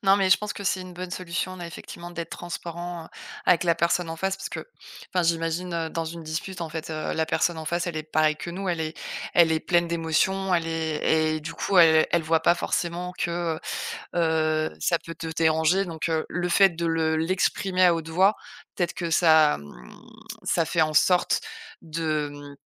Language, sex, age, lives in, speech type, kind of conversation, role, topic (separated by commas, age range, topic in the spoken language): French, female, 35-39, France, conversation, advice, user, Comment demander et accepter un retour constructif sans se sentir attaqué ?